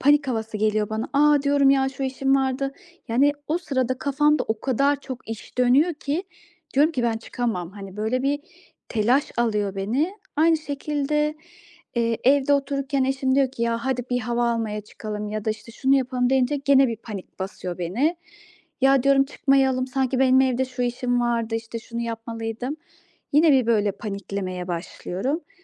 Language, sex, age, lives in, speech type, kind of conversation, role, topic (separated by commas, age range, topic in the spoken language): Turkish, female, 35-39, Austria, conversation, advice, user, Beklenmedik plan değişikliklerine nasıl daha iyi uyum sağlayabilirim?